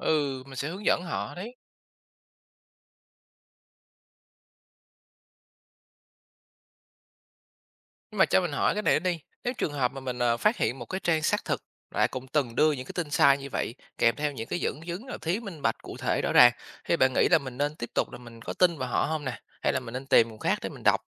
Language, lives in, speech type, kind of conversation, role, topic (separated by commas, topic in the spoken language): Vietnamese, Vietnam, read, expert-assistant, asker, Trong vô vàn kênh tin tức hiện nay, bạn sẽ dựa vào tiêu chí nào để quyết định đâu là nguồn thông tin đáng tin cậy nhất?